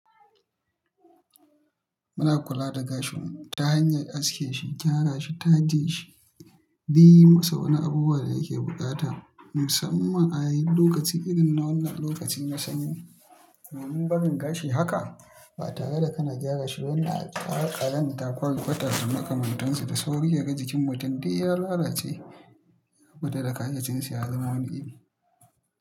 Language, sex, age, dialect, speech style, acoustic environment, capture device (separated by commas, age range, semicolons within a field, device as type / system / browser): Hausa, male, 25-34, Nigeria - Kano, tired; stressed; focused; excited; nervous, people talking; construction; appliances, mobile / Linux / Chrome